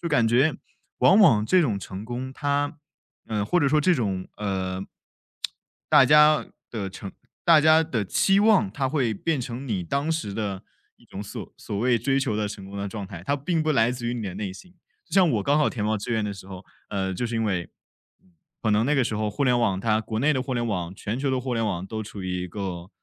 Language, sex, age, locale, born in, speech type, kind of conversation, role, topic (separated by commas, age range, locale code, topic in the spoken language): Chinese, male, 20-24, zh-CN, China, conversation, podcast, guest, 什么时候你不得不重新定义“成功”？